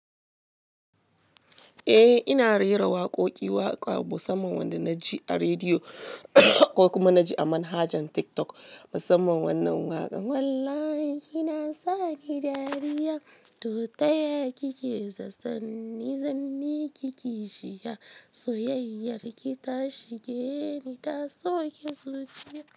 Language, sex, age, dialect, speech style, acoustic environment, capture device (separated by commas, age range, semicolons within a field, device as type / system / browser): Hausa, female, 35-44, Nigeria - Lagos Yoruba, happy, music, mobile / Linux / Chrome